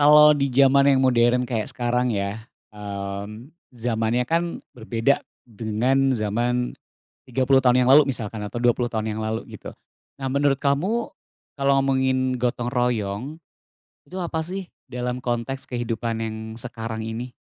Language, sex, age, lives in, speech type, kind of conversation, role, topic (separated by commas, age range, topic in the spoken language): Indonesian, male, 35-39, Indonesia, conversation, podcast, host, Apa makna gotong royong bagi kamu dalam kehidupan sehari-hari?